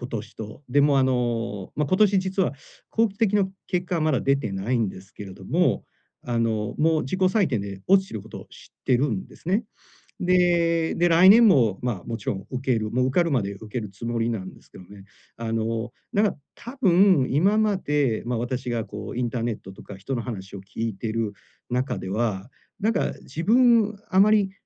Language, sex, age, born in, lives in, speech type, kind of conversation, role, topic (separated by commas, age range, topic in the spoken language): Japanese, male, 45-49, Japan, Japan, conversation, advice, user, 習慣を継続するための責任体制をどう作ればよいですか？